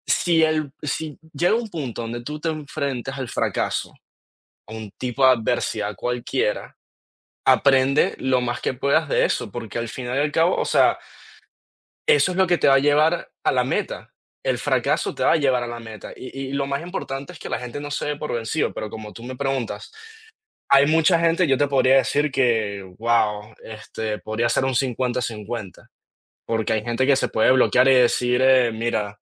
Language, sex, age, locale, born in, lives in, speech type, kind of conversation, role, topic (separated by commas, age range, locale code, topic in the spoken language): Spanish, male, 20-24, es-VE, Venezuela, United States, conversation, unstructured, none, ¿Qué te da miedo de no alcanzar tus metas?